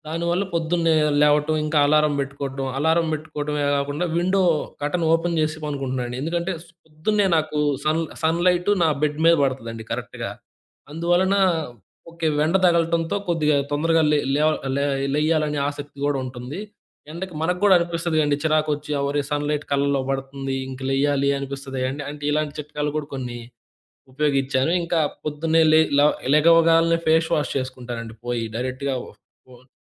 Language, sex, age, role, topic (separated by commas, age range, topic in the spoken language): Telugu, male, 20-24, guest, ఇంట్లో ఏకాగ్రత పెంచుకోవడానికి మీరు ఏ పద్ధతులు ఉపయోగిస్తారు?